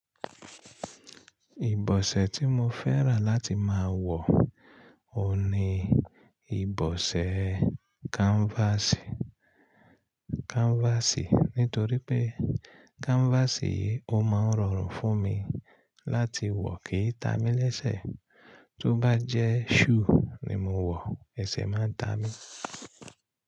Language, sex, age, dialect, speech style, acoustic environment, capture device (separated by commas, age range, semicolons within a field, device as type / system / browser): Yoruba, male, 35-44, Nigeria - Ibadan, relaxed, nature; silence, mobile / Linux / Chrome